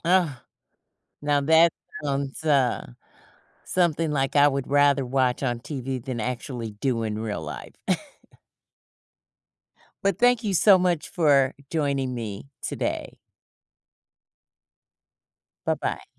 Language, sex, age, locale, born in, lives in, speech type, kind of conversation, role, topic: English, female, 55-59, en-US, United States, United States, conversation, podcast, host, How has a memorable travel experience shaped your outlook on life?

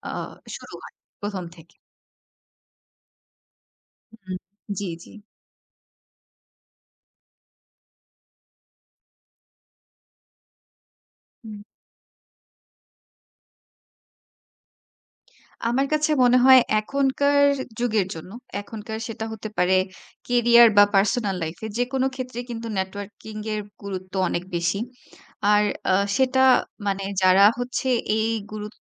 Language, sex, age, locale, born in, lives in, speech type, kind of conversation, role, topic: Bengali, female, 25-29, bn-BD, Bangladesh, Bangladesh, conversation, podcast, guest, নতুন সুযোগ পাওয়ার জন্য আপনি কীভাবে সম্পর্কের জাল গড়ে তুলছেন?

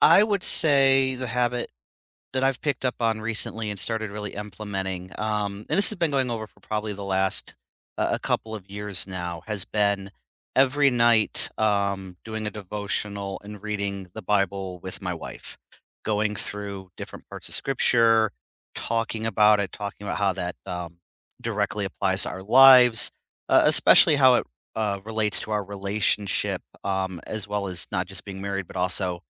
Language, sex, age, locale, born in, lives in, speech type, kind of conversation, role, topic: English, male, 40-44, en-US, United States, United States, conversation, unstructured, none, What is one habit that changed your life?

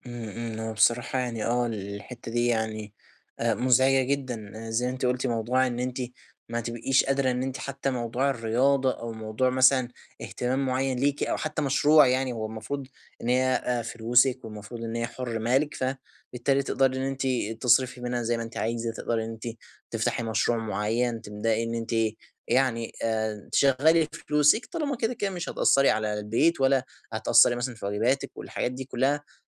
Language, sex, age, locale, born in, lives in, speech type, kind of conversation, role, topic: Arabic, male, 20-24, ar-EG, Egypt, Egypt, conversation, advice, advisor, إزاي أعبّر عن اهتماماتي الحقيقية قدّام توقعات أهلي والمجتمع من غير ما أخسر هويتي؟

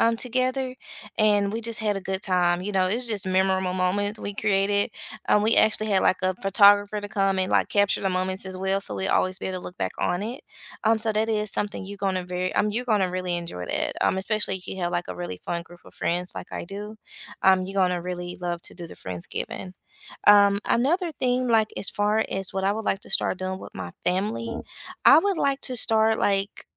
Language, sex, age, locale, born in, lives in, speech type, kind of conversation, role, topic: English, female, 35-39, en-US, United States, United States, conversation, unstructured, none, What new tradition would you love to start with friends or family to deepen your connection?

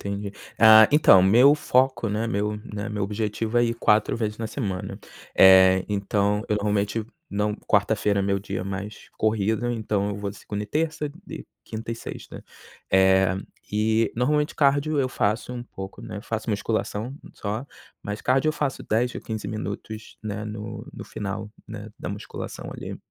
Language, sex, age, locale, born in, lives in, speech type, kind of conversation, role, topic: Portuguese, male, 30-34, pt-BR, Brazil, United States, conversation, advice, user, Como lidar com a perda de motivação quando você não vê resultados?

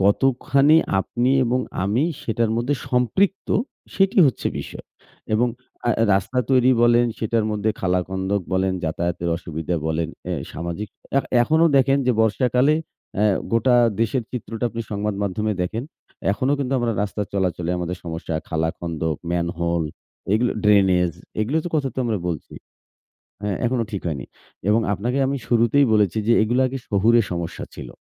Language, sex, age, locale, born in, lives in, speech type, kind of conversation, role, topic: Bengali, male, 40-44, bn-BD, Bangladesh, Bangladesh, conversation, unstructured, none, আপনার এলাকায় সবচেয়ে বড় সামাজিক সমস্যা কী?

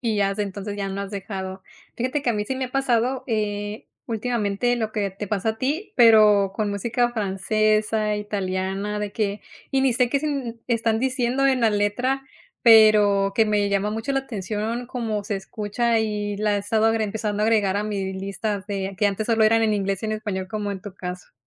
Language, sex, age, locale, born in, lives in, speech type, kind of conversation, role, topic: Spanish, female, 30-34, es-MX, Mexico, United States, conversation, podcast, host, ¿Cómo descubres música nueva a través de listas de reproducción de colegas?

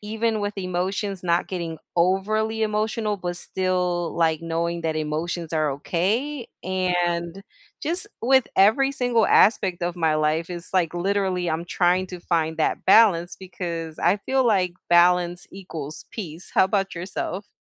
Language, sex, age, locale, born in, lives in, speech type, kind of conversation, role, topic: English, female, 40-44, en-US, United States, United States, conversation, unstructured, none, What does balance look like for you?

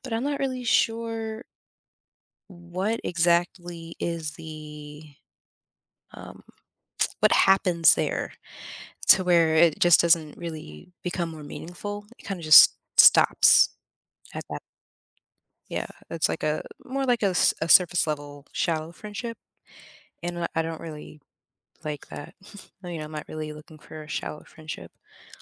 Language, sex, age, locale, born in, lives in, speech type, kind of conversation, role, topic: English, female, 30-34, en-US, United States, United States, conversation, advice, user, How can I make my friendships more meaningful?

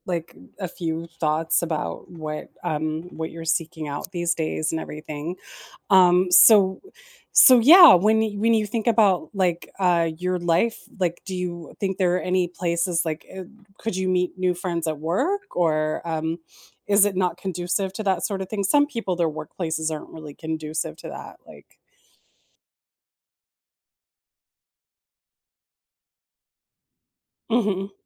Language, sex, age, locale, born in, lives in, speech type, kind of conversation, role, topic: English, female, 45-49, en-US, United States, United States, conversation, advice, advisor, How can I make meaningful friends as an adult?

